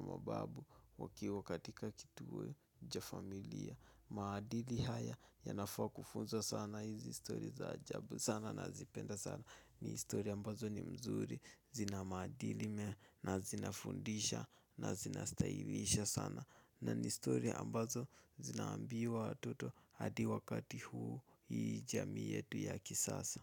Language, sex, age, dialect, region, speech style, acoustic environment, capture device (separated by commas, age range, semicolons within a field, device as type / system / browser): Swahili, male, 18-24, Kenya - Nairobi Sheng-influenced, Kenya, nervous; excited; focused; relaxed, nature; silence, mobile / Linux / Chrome